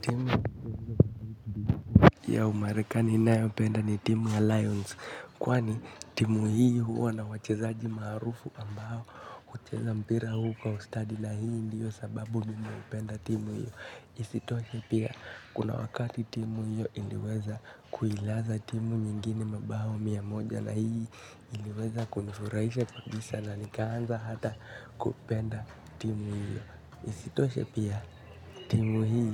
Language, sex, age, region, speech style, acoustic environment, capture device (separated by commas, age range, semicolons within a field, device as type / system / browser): Swahili, male, 18-24, Kenya, relaxed, nature, mobile / Linux / Chrome